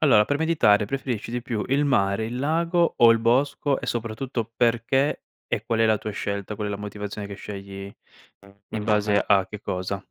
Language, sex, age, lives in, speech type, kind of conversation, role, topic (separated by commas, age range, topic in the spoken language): Italian, male, 25-29, Italy, conversation, podcast, host, Preferisci il mare, il lago o il bosco per meditare?